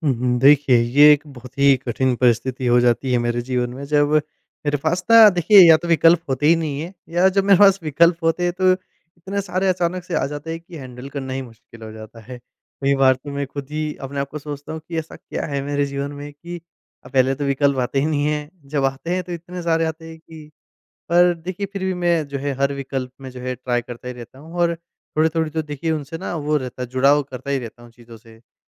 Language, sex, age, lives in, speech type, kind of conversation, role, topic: Hindi, male, 25-29, India, conversation, podcast, guest, बहुत सारे विकल्प मिलने पर आप उन्हें कैसे संभालते हैं?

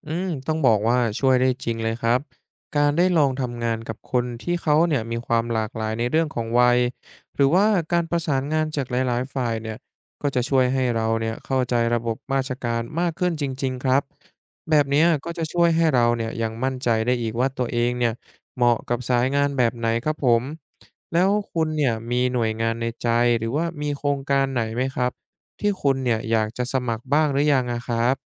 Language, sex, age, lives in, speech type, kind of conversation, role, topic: Thai, male, 20-24, Thailand, read, expert-assistant, expert, ถ้าอยากรู้ว่างานราชการสายไหนเหมาะกับเรา ควรเริ่มหาข้อมูลจากตรงไหนก่อนดี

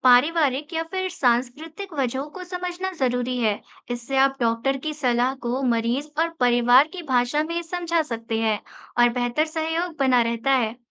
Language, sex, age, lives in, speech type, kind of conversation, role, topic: Hindi, female, 25-29, India, read, expert-assistant, expert, कई बार मरीज खुद अपनी देखभाल को लेकर अलग राय रखते हैं, तो क्या स्टाफ को उनकी बात माननी चाहिए या अपने अनुभव पर चलना चाहिए?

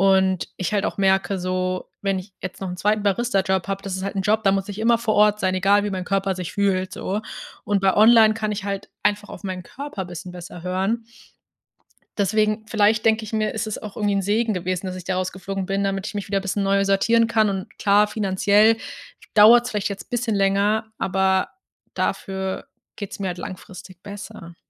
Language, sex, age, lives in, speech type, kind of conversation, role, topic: German, female, 25-29, Germany, conversation, advice, user, Wie kannst du deine Finanzen neu ordnen, Schulden abbauen und einen Sparplan erstellen?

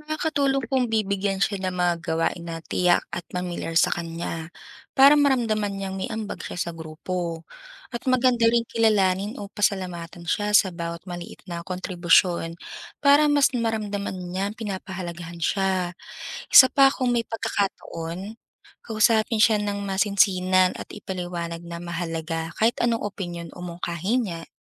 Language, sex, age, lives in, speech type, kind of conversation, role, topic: Filipino, female, 25-29, Philippines, read, expert-assistant, expert, Paano mo malalaman kung mapagkakatiwalaan ang babasahin tungkol sa emotional intelligence?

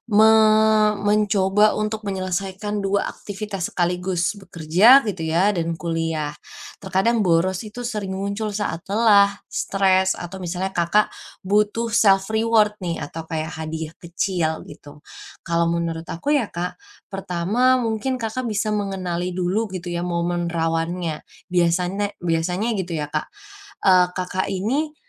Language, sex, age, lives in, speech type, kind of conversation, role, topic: Indonesian, female, 20-24, Indonesia, conversation, advice, advisor, Bagaimana cara mengurangi kebiasaan boros saat berbelanja tanpa rencana?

